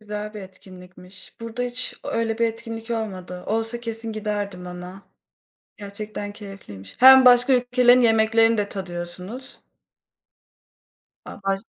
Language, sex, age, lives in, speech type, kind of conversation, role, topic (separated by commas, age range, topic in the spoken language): Turkish, female, 25-29, Poland, conversation, unstructured, none, Arkadaşlarınla yemek paylaşmak senin için ne ifade ediyor?